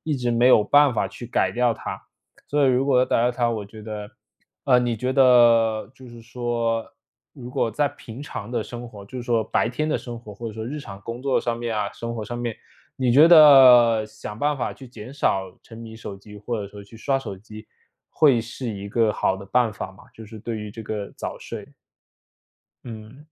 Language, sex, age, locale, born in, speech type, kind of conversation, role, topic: Chinese, male, 25-29, zh-CN, China, conversation, advice, user, 如何才能打破旧习惯的循环并真正改掉它？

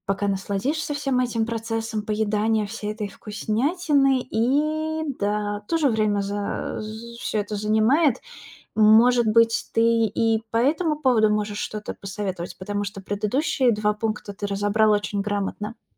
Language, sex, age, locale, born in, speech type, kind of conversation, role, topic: Russian, female, 30-34, ru-RU, Russia, conversation, advice, user, Как справиться со сложностями концентрации при работе из дома и постоянными отвлечениями?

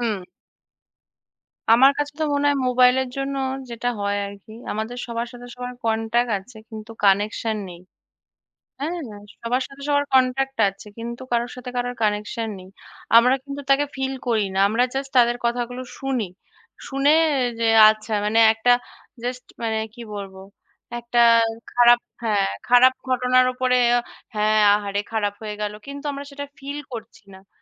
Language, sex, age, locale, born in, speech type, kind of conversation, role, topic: Bengali, female, 30-34, bn-IN, India, conversation, unstructured, none, মোবাইল ফোন আপনার জীবনে কতটা প্রভাব ফেলে?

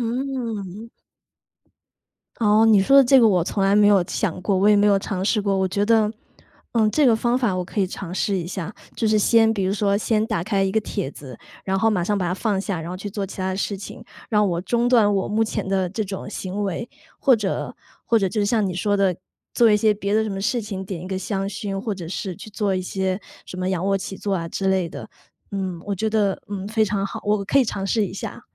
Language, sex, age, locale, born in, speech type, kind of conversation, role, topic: Chinese, female, 35-39, zh-CN, China, conversation, advice, user, 睡前刷手机会怎样影响你的睡眠，你又想如何培养更好的睡前习惯？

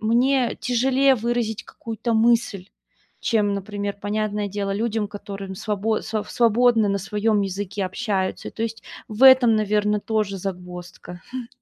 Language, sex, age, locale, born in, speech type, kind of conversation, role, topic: Russian, female, 30-34, ru-RU, Russia, conversation, advice, user, Как справиться с неловкостью на первых встречах, когда хочется завести новых друзей?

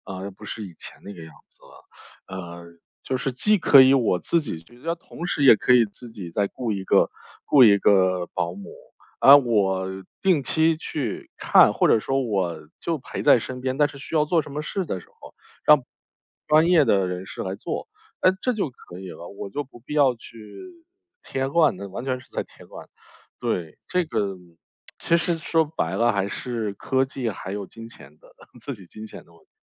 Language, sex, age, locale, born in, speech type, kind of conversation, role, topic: Chinese, male, 35-39, zh-CN, China, conversation, podcast, guest, 当父母需要你照顾时，你会如何做出选择？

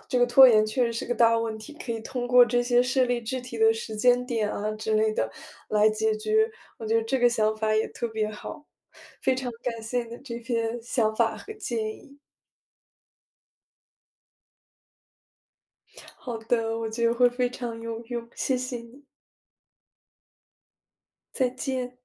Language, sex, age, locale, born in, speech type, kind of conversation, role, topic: Chinese, female, 20-24, zh-CN, China, conversation, advice, user, 为什么我一放松就总会感到内疚并开始拖延？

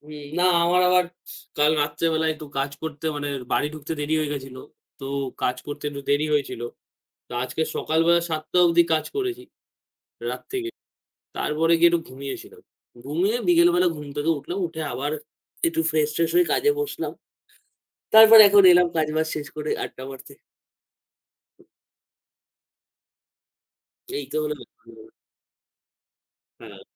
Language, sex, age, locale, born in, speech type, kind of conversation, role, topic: Bengali, male, 18-19, bn-IN, India, conversation, unstructured, none, তুমি কোন ধরনের খেলাধুলায় সবচেয়ে বেশি আনন্দ পাও?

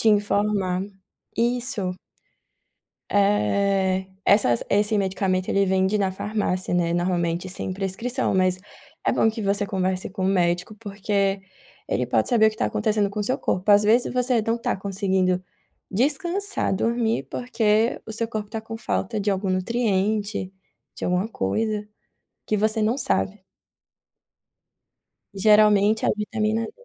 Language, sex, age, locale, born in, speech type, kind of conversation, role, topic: Portuguese, female, 25-29, pt-BR, Brazil, conversation, advice, advisor, Quais práticas breves posso fazer para encerrar o dia com calma?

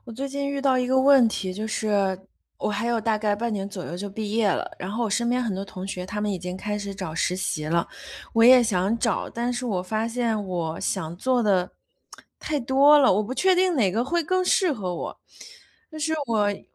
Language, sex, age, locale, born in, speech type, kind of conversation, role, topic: Chinese, female, 30-34, zh-CN, China, conversation, advice, user, 我想法很多却一直无法决定方向，该怎么办？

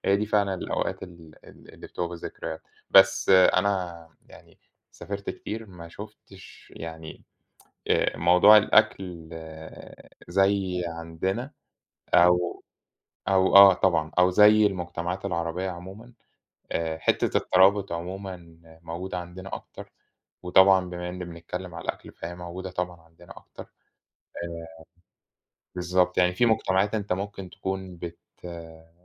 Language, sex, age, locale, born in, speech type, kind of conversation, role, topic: Arabic, male, 30-34, ar-EG, Egypt, conversation, unstructured, none, هل إنت شايف إن الأكل بيجمع الناس مع بعض؟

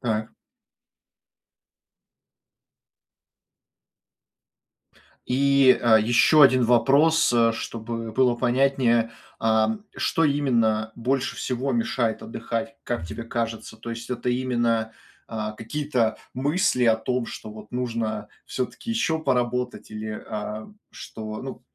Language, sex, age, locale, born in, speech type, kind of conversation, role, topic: Russian, male, 25-29, ru-RU, Russia, conversation, advice, advisor, Почему мне трудно расслабиться дома и полноценно отдохнуть, даже когда у меня есть свободное время?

